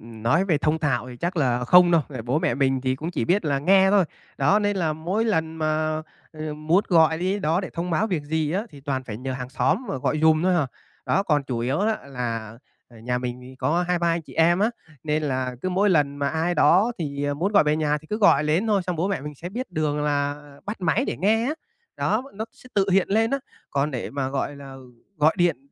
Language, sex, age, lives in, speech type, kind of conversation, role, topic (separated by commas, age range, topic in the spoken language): Vietnamese, male, 25-29, Vietnam, conversation, advice, user, Làm sao để duy trì liên lạc thường xuyên với người thân khi ở xa?